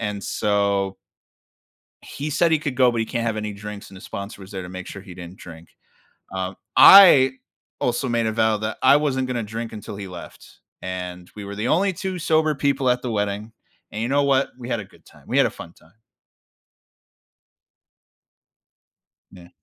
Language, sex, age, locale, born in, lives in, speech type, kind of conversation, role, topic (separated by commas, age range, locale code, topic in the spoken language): English, male, 30-34, en-US, United States, United States, conversation, podcast, guest, How do friendships endure and grow stronger over the years?